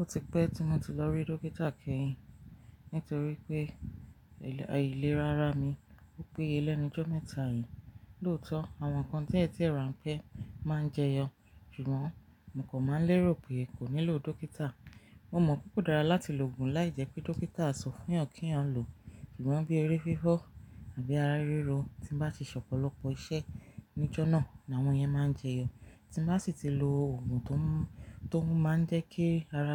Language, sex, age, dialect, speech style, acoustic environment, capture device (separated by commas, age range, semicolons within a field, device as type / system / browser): Yoruba, female, 35-44, Nigeria - Ibadan, relaxed, nature; appliances; silence, mobile / Linux / Chrome